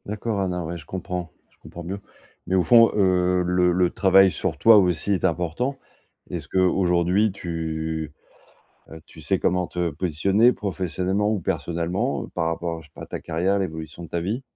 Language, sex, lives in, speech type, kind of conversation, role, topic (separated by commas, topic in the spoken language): French, male, France, conversation, advice, advisor, Comment puis-je arrêter de me comparer aux autres dans ma carrière ?